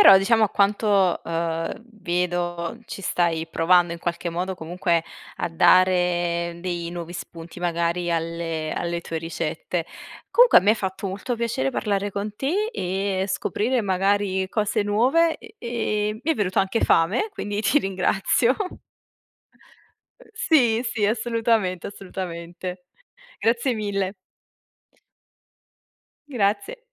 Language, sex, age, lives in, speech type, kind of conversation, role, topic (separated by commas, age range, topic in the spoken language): Italian, female, 25-29, Italy, conversation, podcast, host, Come organizzi una cena quando inviti persone a casa?